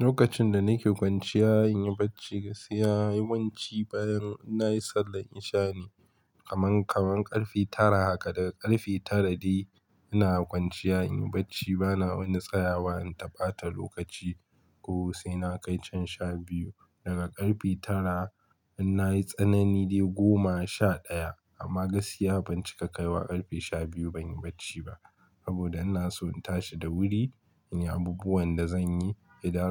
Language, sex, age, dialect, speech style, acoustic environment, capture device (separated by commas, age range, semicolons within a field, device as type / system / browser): Hausa, male, 25-34, Nigeria - Kano, focused; relaxed, silence, mobile / Linux / Chrome